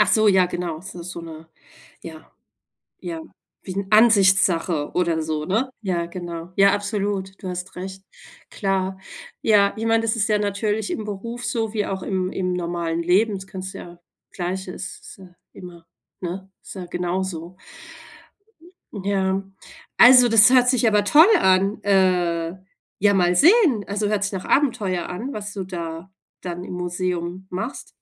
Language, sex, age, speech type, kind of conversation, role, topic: German, female, 40-44, conversation, unstructured, none, Welche neuen Träume hast du in letzter Zeit gehabt?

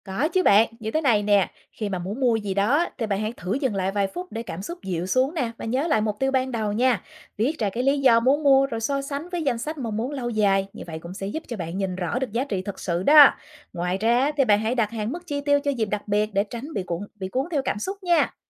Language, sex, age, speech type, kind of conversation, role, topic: Vietnamese, female, 35-39, read, expert-assistant, expert, Theo bạn, làm thế nào để khiến việc tiết kiệm tiền trở nên hấp dẫn như việc mua sắm?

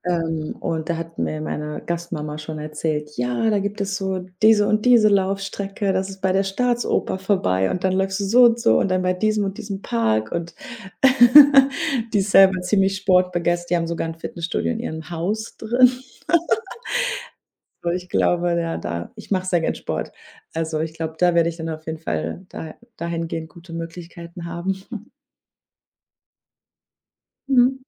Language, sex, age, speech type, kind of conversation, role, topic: German, female, 25-29, conversation, advice, user, Wie erlebst du deinen Umzug in eine neue Stadt und deinen Neubeginn?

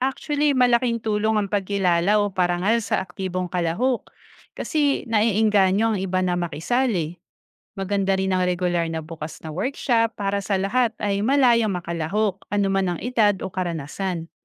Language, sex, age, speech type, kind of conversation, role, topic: Filipino, female, 35-39, read, expert-assistant, expert, Ano ang ibig sabihin ng 'language preservation' at bakit ito mahalaga para sa mga katutubong wika sa Pilipinas?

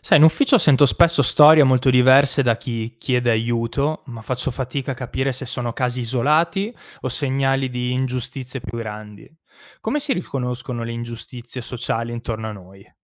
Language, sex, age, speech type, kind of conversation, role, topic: Italian, male, 25-29, read, expert-assistant, asker, Come possiamo riconoscere e affrontare le ingiustizie sociali nella vita di tutti i giorni?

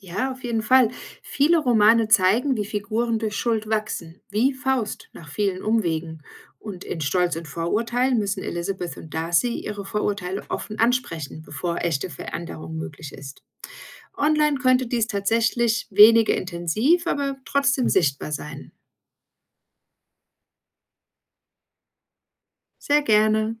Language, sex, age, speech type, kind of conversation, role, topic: German, female, 40-44, read, expert-assistant, expert, Stell dir vor, berühmte Figuren aus der klassischen Literatur würden heute leben – wie würden sie wohl mit moderner Technik und sozialen Medien umgehen?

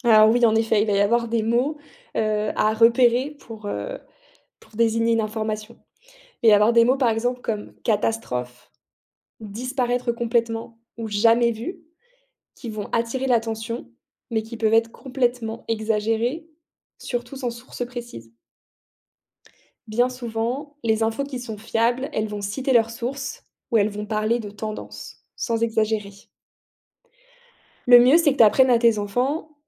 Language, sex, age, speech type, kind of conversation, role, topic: French, female, 30-34, read, expert-assistant, expert, Comment savoir si une info sur les espèces menacées est vraiment fiable ?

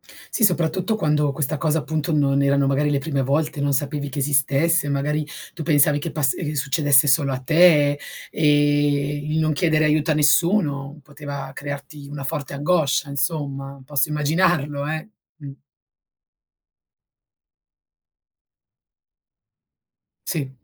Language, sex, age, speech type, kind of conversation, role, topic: Italian, female, 40-44, conversation, podcast, host, Che ruolo ha il sonno nel tuo benessere psicologico?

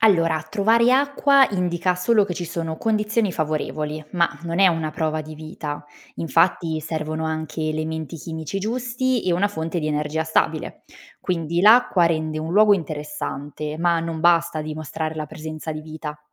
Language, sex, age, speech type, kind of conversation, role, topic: Italian, female, 25-29, read, expert-assistant, expert, Quali strategie posso usare per avvicinarmi all’astrobiologia anche se non ho una formazione scientifica?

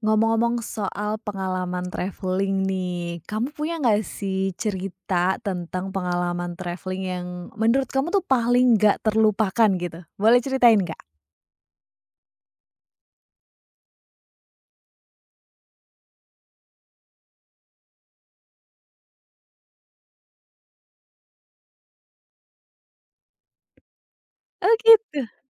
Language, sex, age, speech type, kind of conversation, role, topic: Indonesian, female, 30-34, conversation, podcast, host, Apa pengalaman perjalanan paling tak terlupakan yang pernah kamu alami?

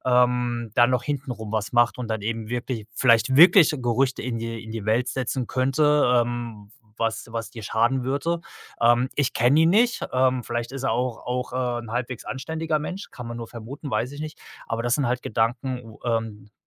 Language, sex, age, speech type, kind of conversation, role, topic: German, male, 35-39, conversation, advice, advisor, Wie kann ich mit Schuldgefühlen nach einem Streit mit meinem Partner oder Freund umgehen?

